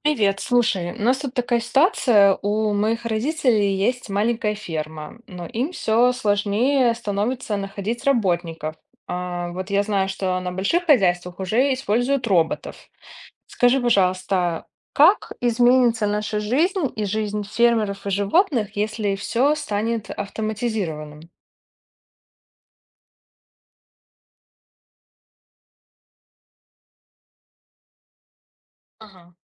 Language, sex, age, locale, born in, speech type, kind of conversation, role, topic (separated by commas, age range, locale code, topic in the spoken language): Russian, female, 30-34, ru-RU, Belarus, read, expert-assistant, asker, Что было бы, если бы современное животноводство полностью перешло на роботизацию и автоматизацию? Как бы это повлияло на фермеров, животных и качество продукции?